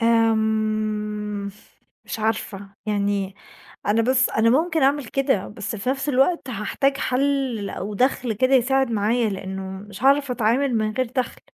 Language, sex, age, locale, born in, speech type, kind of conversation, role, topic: Arabic, female, 20-24, ar-EG, Egypt, conversation, advice, user, إزاي أتعامل مع فقدان شغلي المفاجئ وقلقي من المستقبل؟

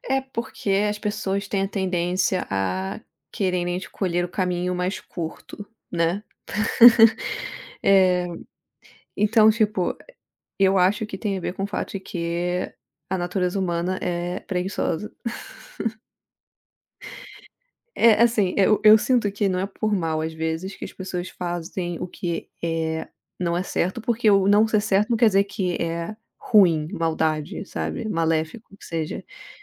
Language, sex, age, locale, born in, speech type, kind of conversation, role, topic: Portuguese, female, 30-34, pt-BR, Brazil, conversation, unstructured, none, O que você faria se tivesse que escolher entre o que é fácil e o que é certo?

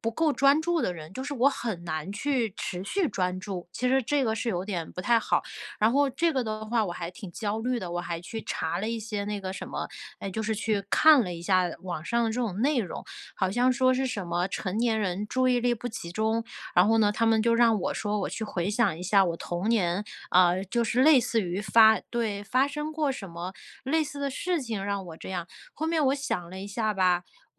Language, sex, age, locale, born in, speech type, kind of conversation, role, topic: Chinese, female, 30-34, zh-CN, China, conversation, advice, user, 我总是难以长时间保持专注，怎么办？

